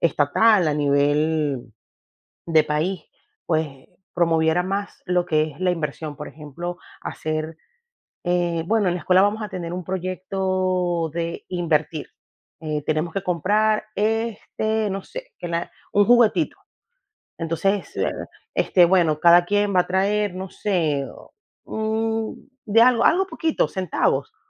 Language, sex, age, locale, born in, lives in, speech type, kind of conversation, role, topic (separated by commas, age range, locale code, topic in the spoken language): Spanish, female, 35-39, es-VE, Venezuela, United States, conversation, unstructured, none, ¿Crees que la educación debería cambiar? ¿Por qué?